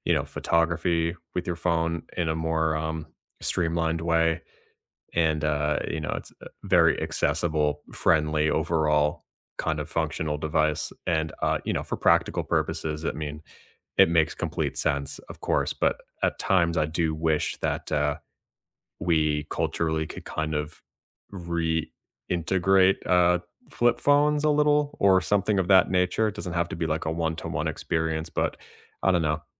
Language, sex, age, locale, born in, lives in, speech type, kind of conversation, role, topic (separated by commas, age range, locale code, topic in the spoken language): English, male, 30-34, en-US, United States, United States, conversation, unstructured, none, How have your phone habits evolved from your first device to today, and why?